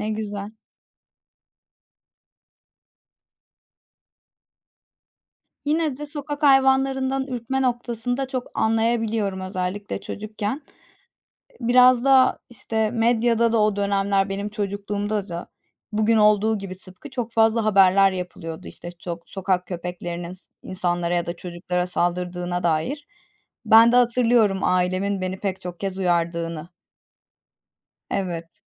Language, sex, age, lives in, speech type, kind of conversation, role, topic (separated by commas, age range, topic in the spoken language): Turkish, female, 25-29, Germany, conversation, unstructured, none, Hayvan sahiplenmek çocuklara ne öğretir?